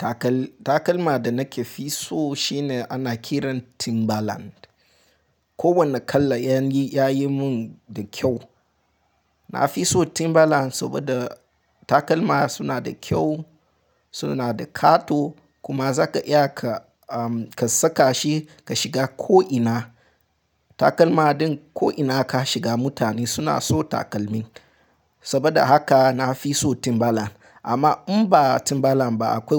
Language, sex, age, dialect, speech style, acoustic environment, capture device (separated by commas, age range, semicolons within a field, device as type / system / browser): Hausa, male, 18-24, Nigeria - Nigerian Standard, happy; excited; focused, silence, mobile / Linux / Chrome